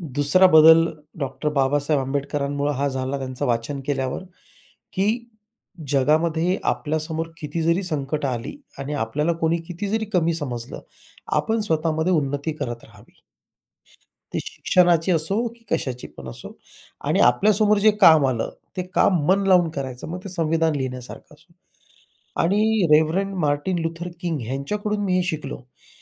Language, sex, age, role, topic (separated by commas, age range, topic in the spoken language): Marathi, male, 40-44, guest, एखाद्या उत्सवात सहभागी झाल्यावर तुमच्या दृष्टीकोनात काय बदल झाला?